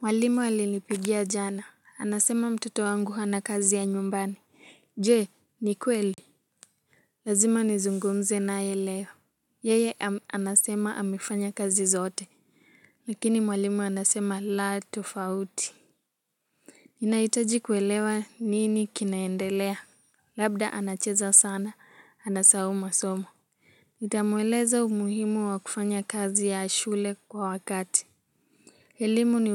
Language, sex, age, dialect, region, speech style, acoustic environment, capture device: Swahili, female, 18-24, Kenya - Nairobi Sheng-influenced, Kenya, relaxed; happy; excited; focused, music; nature; appliances, mobile / Linux / Chrome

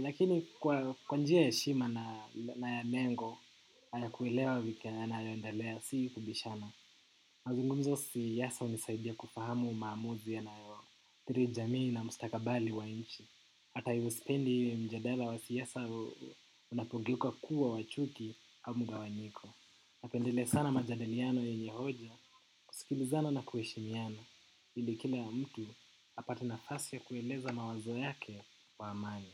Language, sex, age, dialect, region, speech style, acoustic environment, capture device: Swahili, male, 18-24, Kenya - Nairobi Sheng-influenced, Kenya, focused; relaxed, silence, desktop / macOS / Chrome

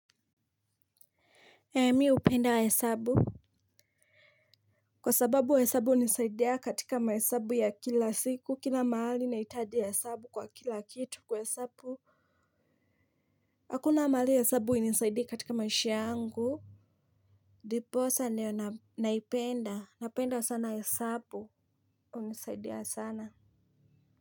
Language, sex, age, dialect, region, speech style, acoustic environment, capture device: Swahili, female, 25-34, Kenya - Mombasa, Kenya, happy; tired; anxious, nature; people talking; traffic, mobile / Linux / Chrome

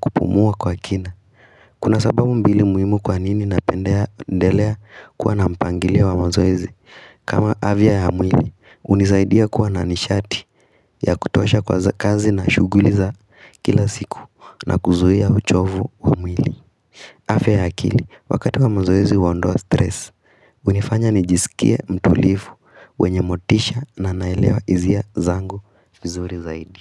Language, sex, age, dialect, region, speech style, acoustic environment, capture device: Swahili, female, 18-24, Kenya - Nairobi Sheng-influenced, Kenya, excited, silence, mobile / Linux / Chrome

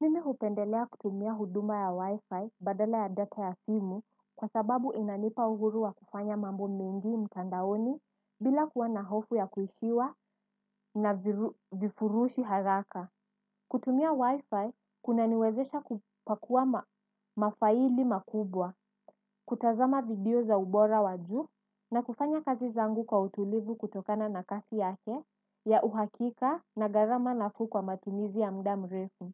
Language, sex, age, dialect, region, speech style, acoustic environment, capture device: Swahili, female, 18-24, Kenya - Mombasa, Kenya, focused, silence; nature, desktop / Windows / Edge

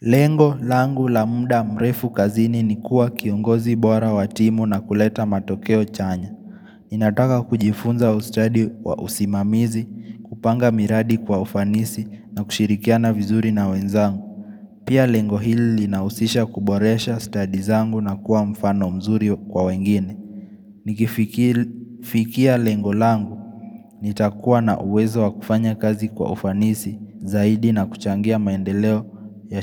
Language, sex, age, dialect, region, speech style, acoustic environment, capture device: Swahili, male, 18-24, Kenya - Nairobi Sheng-influenced, Kenya, focused, people talking; music; nature; silence; traffic; construction; appliances, mobile / Linux / Chrome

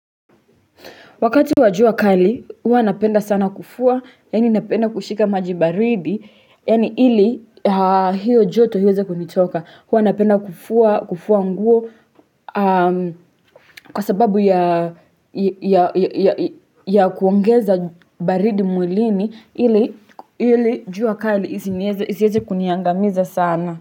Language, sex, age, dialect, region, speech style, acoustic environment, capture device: Swahili, female, 18-24, Kenya - Nairobi Sheng-influenced, Kenya, relaxed, silence, desktop / Linux / Chrome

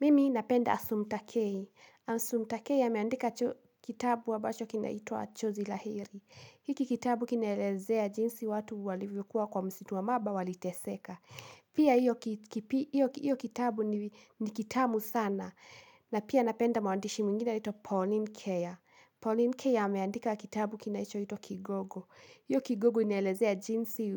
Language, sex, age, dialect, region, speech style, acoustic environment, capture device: Swahili, female, 18-24, Kenya - Kiambu, Kenya, anxious, nature, mobile / Linux / Chrome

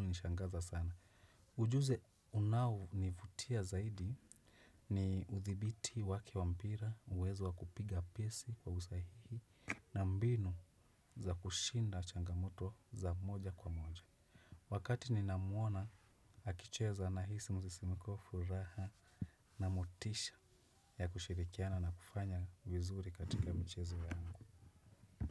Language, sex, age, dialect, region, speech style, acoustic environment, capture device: Swahili, male, 25-34, Kenya - Nairobi Sheng-influenced, Kenya, happy; relaxed, nature, desktop / Windows / Chrome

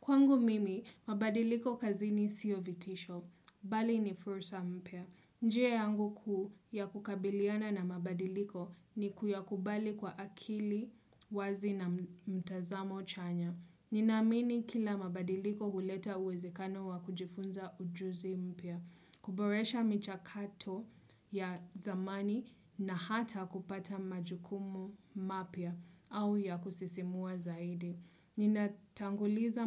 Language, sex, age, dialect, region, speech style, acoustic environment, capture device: Swahili, female, 25-34, Kenya - Mombasa, Kenya, happy; relaxed; focused, people talking; nature; silence; music; appliances, desktop / Windows / Chrome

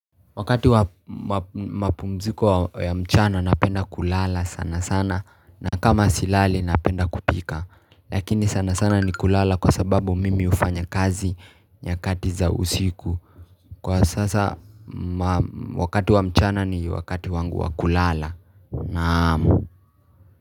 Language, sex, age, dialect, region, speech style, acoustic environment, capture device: Swahili, male, 18-24, Kenya - Nairobi Sheng-influenced, Kenya, happy, people talking; traffic, mobile / Linux / Chrome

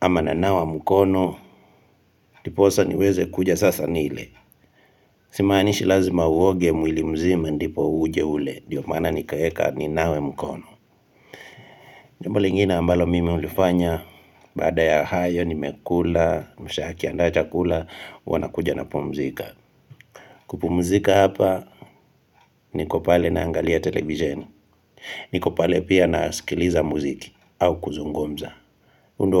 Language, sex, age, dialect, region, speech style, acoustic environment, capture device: Swahili, male, 25-34, Kenya - Kitui, Kenya, relaxed; excited, nature, mobile / Linux / Chrome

